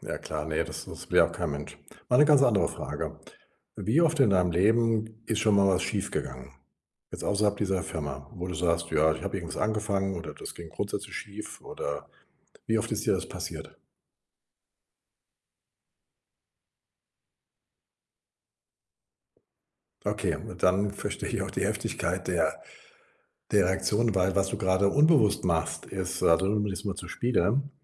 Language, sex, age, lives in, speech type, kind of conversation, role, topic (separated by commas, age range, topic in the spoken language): German, male, 60-64, Germany, conversation, advice, advisor, Wie kann ich nach Rückschlägen schnell wieder Motivation finden und dranbleiben?